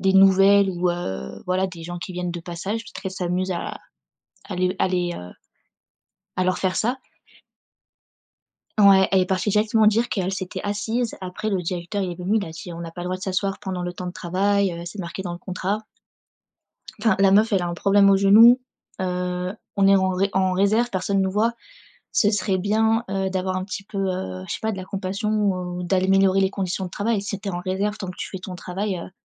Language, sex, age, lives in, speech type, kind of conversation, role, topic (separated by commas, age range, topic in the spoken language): French, female, 25-29, France, conversation, advice, user, Comment réagir face aux critiques constantes d’un collègue ?